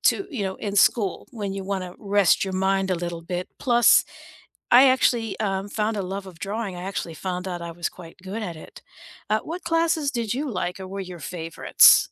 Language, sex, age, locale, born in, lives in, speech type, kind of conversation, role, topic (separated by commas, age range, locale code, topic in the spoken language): English, female, 60-64, en-US, United States, United States, conversation, unstructured, none, Which class was your favorite, and what people, moments, or lessons made it unforgettable for you?